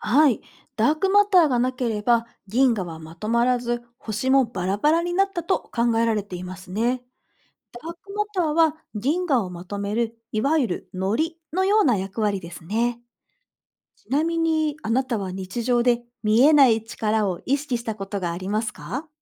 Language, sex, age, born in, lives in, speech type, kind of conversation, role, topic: Japanese, female, 40-44, Japan, Japan, read, expert-assistant, expert, 「ダークマター」とは何ですか？宇宙におけるその役割や、なぜ科学者がその存在を信じているのか教えてください。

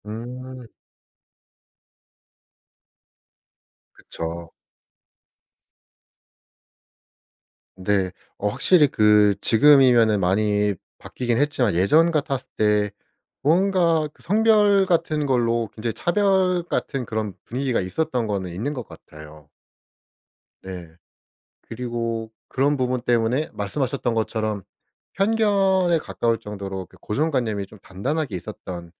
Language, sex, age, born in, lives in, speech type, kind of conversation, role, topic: Korean, male, 25-29, South Korea, South Korea, conversation, podcast, host, 이야기를 쓸 때 인물은 어떻게 구상하시나요?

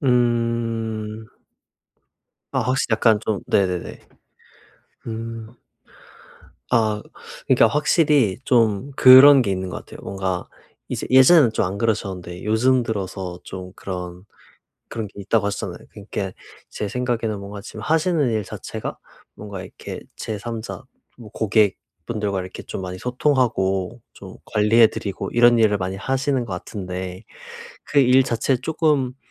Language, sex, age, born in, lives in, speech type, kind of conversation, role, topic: Korean, male, 25-29, South Korea, South Korea, conversation, advice, advisor, 감정의 파도를 관찰하고 수용하는 방법을 어떻게 익힐 수 있을까요?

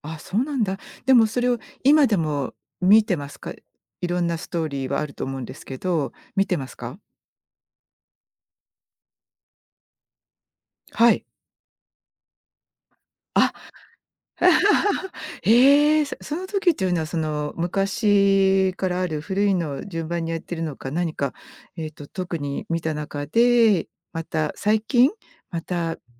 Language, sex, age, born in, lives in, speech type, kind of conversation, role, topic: Japanese, female, 55-59, Japan, United States, conversation, podcast, host, 好きなマンガやアニメの魅力を教えてください。なぜそれが好きなのですか？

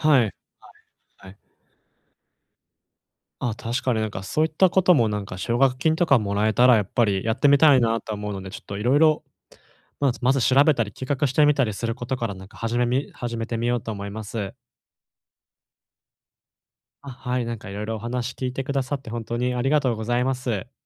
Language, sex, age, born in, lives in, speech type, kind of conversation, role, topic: Japanese, male, 20-24, Japan, Japan, conversation, advice, user, どうすれば自分の時間と才能を有意義に活用できますか？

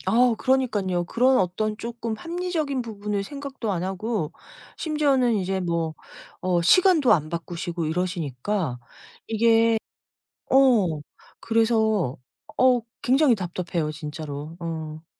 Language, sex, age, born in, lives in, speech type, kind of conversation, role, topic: Korean, female, 50-54, South Korea, United States, conversation, advice, user, 명절 준비와 가족 모임 때문에 가족 간 다툼이 자꾸 반복되는 이유는 무엇인가요?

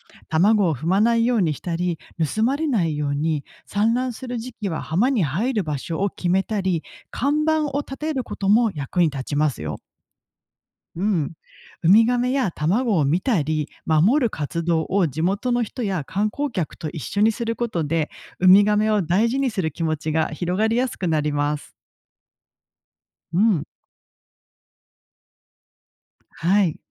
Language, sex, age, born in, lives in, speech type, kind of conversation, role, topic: Japanese, female, 40-44, Japan, Japan, read, expert-assistant, expert, なぜウミガメは遠くの海から生まれた浜に戻るのか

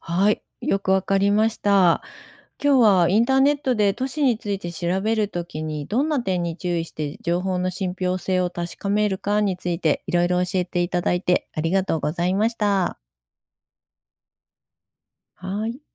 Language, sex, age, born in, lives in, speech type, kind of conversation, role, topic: Japanese, female, 55-59, Japan, Japan, read, expert-assistant, asker, インターネットで都市について調べるとき、どんな点に注意して情報の信ぴょう性を確かめればいいですか？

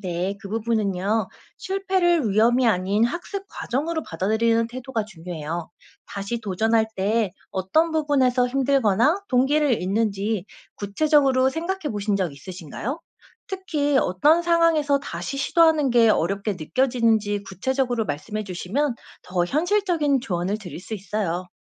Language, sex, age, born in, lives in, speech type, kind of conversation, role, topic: Korean, female, 35-39, South Korea, South Korea, read, expert-assistant, expert, 해양 생물이 변화에 적응하는 방식을 참고해, 우리가 새로운 환경에 잘 적응하려면 어떤 점을 배워야 할까요?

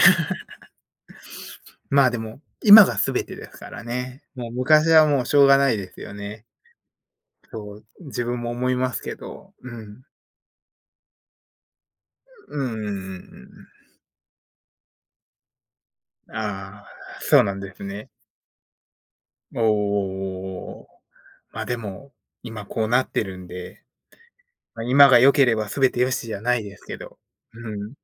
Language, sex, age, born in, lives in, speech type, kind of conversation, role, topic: Japanese, male, 30-34, Japan, United States, conversation, unstructured, none, あなたが誇りに思う達成について教えてください。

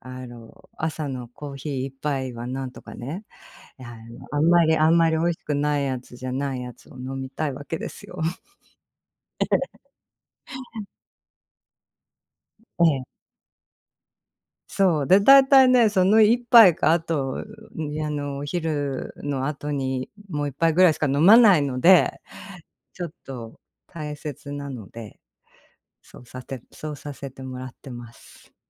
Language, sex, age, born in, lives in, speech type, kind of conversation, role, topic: Japanese, female, 45-49, Japan, United States, conversation, unstructured, none, 旅行の準備で欠かせない持ち物は何ですか？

